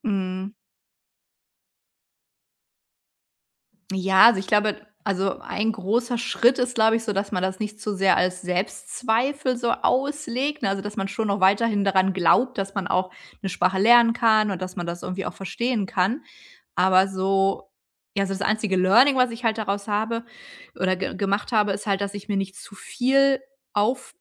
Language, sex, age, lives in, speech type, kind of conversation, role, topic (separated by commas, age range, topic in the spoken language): German, female, 45-49, Germany, conversation, podcast, guest, Wie gehst du mit Rückschlägen um, wenn du etwas Neues lernst?